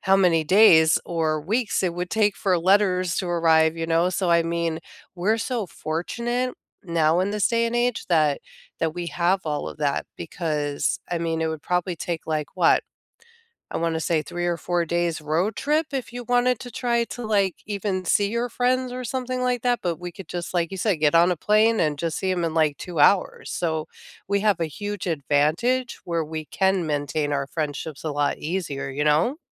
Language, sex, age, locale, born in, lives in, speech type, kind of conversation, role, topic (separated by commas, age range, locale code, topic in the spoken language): English, female, 45-49, en-US, United States, United States, conversation, unstructured, none, How do you maintain friendships and stay close across time and distance?